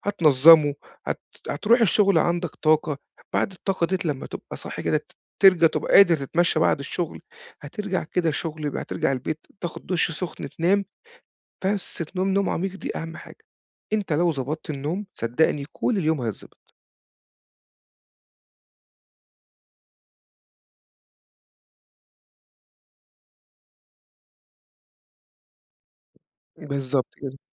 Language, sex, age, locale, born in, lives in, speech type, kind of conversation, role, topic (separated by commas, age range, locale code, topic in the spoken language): Arabic, male, 40-44, ar-EG, Egypt, Portugal, conversation, advice, advisor, إزاي أعمل عادات صباحية تساعدني أحسّن صحتي الجسدية والنفسية كل يوم؟